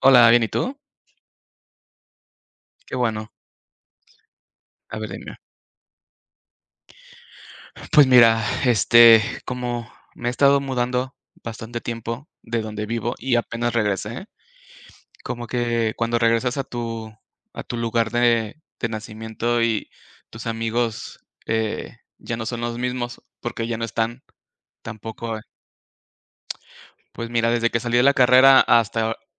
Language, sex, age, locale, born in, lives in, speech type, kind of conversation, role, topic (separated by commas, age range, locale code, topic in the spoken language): Spanish, male, 35-39, es-MX, Mexico, Mexico, conversation, unstructured, none, ¿Cómo pasas tiempo con tus amigos?